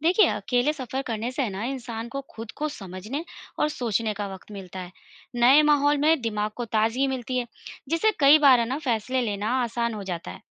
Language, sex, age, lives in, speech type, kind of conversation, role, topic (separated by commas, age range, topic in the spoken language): Hindi, female, 35-39, India, read, expert-assistant, expert, क्या यात्राएँ करने से रोज़मर्रा की ज़िंदगी के बड़े फैसले लेना आसान हो जाता है?